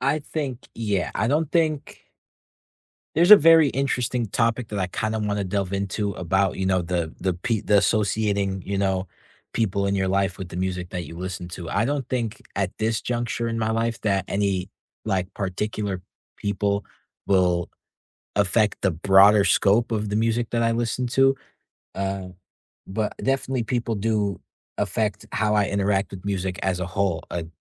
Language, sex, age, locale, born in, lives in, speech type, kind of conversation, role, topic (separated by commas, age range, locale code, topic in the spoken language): English, male, 18-19, en-US, United States, United States, conversation, unstructured, none, Who in your life most shaped your taste in music, and which memories stand out?